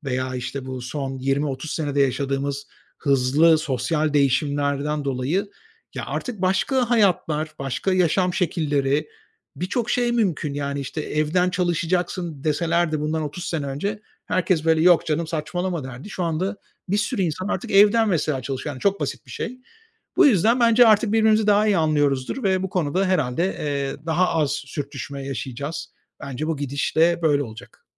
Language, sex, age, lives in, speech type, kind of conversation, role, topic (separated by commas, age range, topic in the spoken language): Turkish, male, 45-49, Spain, conversation, advice, user, Geleneksel beklentiler ile bireysel seçimler arasında yaşadığınız çatışmayı nasıl anlatırsınız?